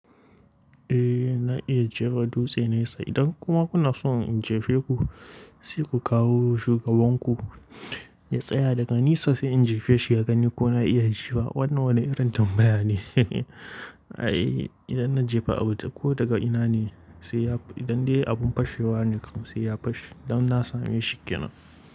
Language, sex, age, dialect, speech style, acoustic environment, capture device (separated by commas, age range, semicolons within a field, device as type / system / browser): Hausa, male, 18-24, Nigeria - Kano, relaxed, nature, mobile / Linux / Chrome